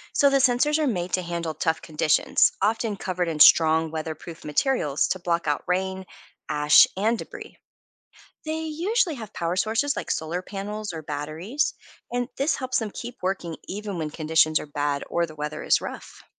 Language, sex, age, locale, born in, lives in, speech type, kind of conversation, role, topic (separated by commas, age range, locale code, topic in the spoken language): English, female, 40-44, en-US, United States, United States, read, expert-assistant, expert, What makes a volcano dormant?